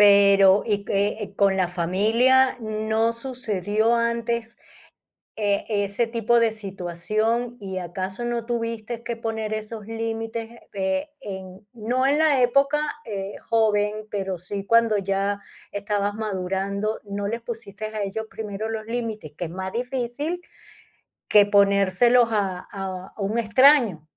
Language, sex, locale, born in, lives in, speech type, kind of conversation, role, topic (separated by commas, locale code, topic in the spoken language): Spanish, female, es-VE, Spain, Spain, conversation, podcast, host, ¿Cómo puedes expresar tus límites sin ofender a los demás?